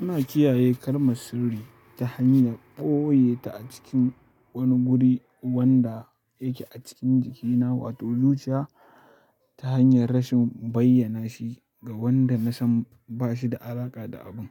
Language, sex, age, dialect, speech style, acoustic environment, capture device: Hausa, male, 18-24, Nigeria - Kano, happy, silence, mobile / Linux / Chrome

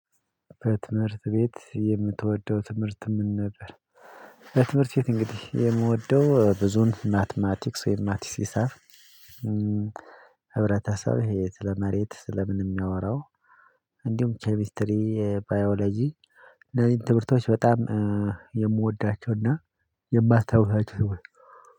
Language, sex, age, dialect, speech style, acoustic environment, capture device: Amharic, male, 25-34, Ethiopia - Addis Ababa, focused; excited, silence, mobile / Linux / Chrome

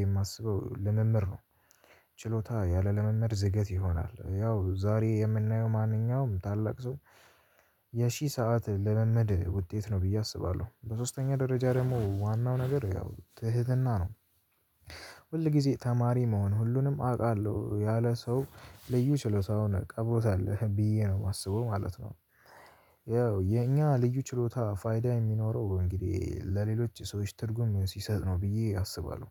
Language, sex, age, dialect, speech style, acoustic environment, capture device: Amharic, male, 18-24, Ethiopia - Addis Ababa, tired; anxious; nervous, nature; music; construction, mobile / Linux / Chrome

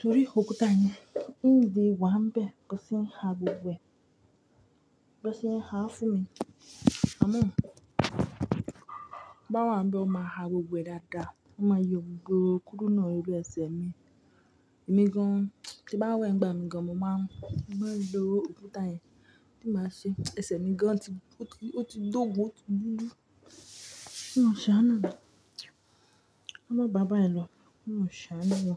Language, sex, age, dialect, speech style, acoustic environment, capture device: Yoruba, female, 25-34, Nigeria - Ibadan, happy, people talking, mobile / Linux / Chrome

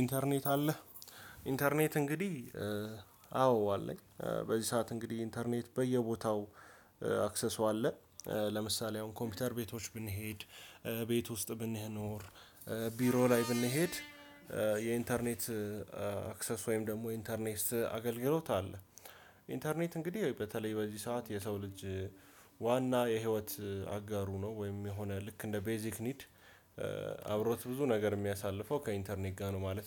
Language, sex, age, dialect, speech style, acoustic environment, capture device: Amharic, male, 18-24, Ethiopia - Addis Ababa, happy; anxious; tired, people talking; music; nature, desktop / Windows / Chrome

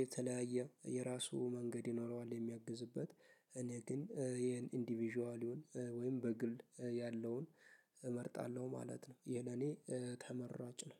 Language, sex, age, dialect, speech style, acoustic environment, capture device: Amharic, male, 18-24, Ethiopia - Addis Ababa, happy; focused, silence; nature, mobile / Linux / Chrome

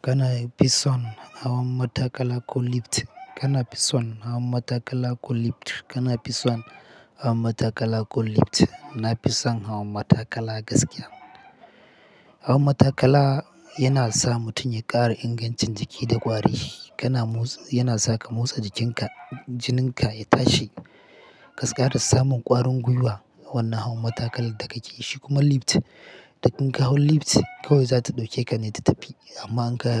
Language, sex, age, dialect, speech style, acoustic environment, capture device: Hausa, male, 25-34, Nigeria - Katsina, happy; relaxed, people talking; silence, mobile / Linux / Chrome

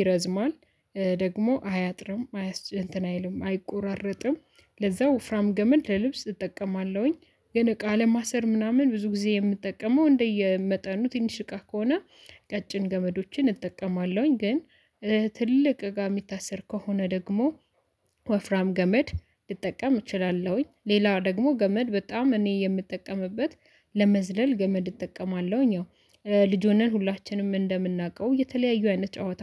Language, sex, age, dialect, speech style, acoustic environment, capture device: Amharic, female, 25-34, Ethiopia - Addis Ababa, excited; happy, silence, mobile / Linux / Chrome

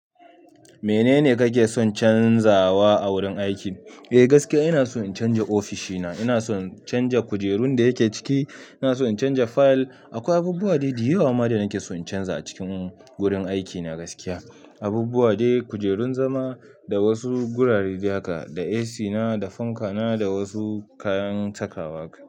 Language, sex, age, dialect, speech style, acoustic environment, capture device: Hausa, male, 25-34, Nigeria - Nigerian Standard, happy, silence, mobile / Linux / Chrome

